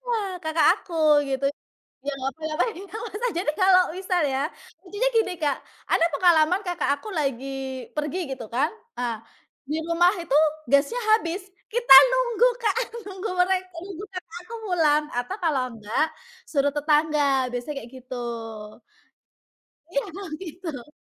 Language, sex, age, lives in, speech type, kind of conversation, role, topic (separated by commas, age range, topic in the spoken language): Indonesian, female, 30-34, Indonesia, conversation, podcast, guest, Bagaimana kamu membagi tugas rumah tangga dengan keluarga atau teman serumah?